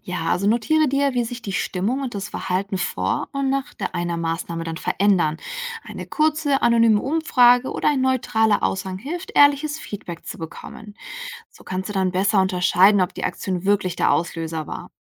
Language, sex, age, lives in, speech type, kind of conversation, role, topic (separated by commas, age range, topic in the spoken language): German, female, 65-69, Germany, read, expert-assistant, expert, Wie erkenne ich, welche Marketingideen wirklich zu meinem Unternehmen passen?